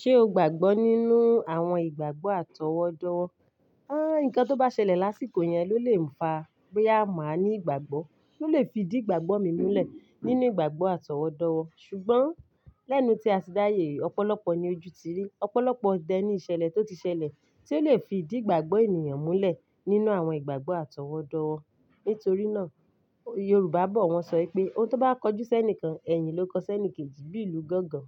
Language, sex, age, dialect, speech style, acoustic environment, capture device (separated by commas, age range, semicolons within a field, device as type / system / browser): Yoruba, female, 35-44, Nigeria - Ibadan, focused, silence, mobile / Linux / Chrome